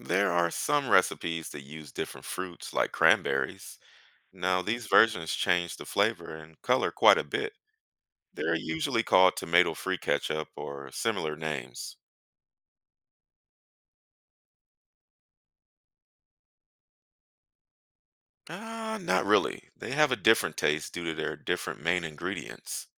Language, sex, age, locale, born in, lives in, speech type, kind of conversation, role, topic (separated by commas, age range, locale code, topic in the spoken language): English, male, 35-39, en-US, United States, United States, read, expert-assistant, expert, What is the main ingredient in ketchup?